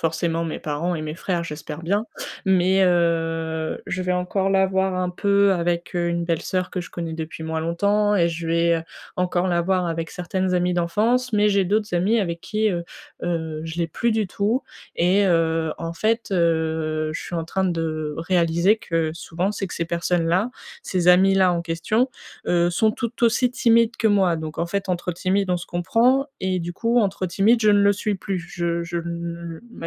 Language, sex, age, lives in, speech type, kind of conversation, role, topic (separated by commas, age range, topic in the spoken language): French, female, 20-24, France, conversation, advice, user, Comment puis-je surmonter ma timidité dans les interactions sociales pour me faire de nouveaux amis à l’âge adulte ?